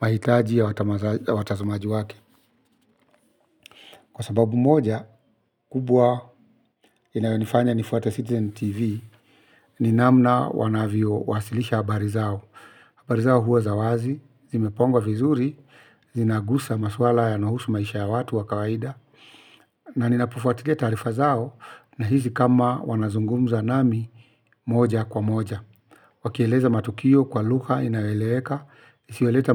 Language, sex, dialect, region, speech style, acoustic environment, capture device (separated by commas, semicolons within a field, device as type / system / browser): Swahili, male, Kenya - Nairobi Sheng-influenced, Kenya, focused; relaxed, silence, mobile / Linux / Chrome